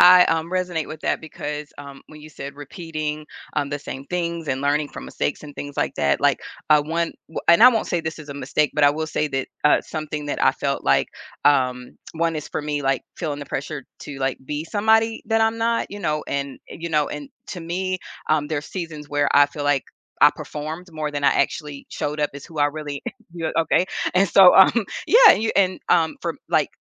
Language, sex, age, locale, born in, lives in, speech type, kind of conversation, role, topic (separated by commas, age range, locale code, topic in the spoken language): English, female, 45-49, en-US, United States, United States, conversation, podcast, host, What experiences and influences shape who we become over time?